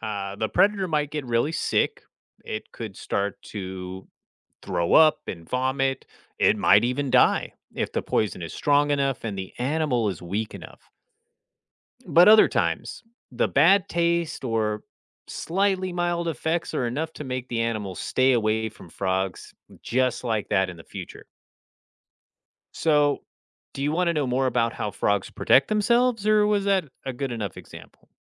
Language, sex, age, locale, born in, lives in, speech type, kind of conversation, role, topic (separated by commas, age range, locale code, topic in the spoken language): English, male, 35-39, en-US, United States, United States, read, expert-assistant, expert, Why do some frogs have bright colors?